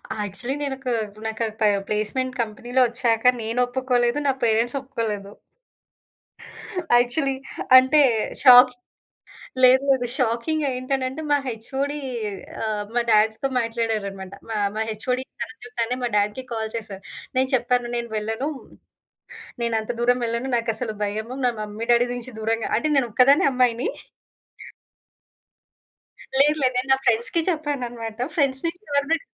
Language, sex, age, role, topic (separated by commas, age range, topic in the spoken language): Telugu, female, 30-34, guest, మీరు వేరే నగరంలో ఒంటరిగా ఉన్నప్పుడు మీకు సహాయం చేసిన అజ్ఞాత వ్యక్తులు ఎవరైనా ఉన్నారా?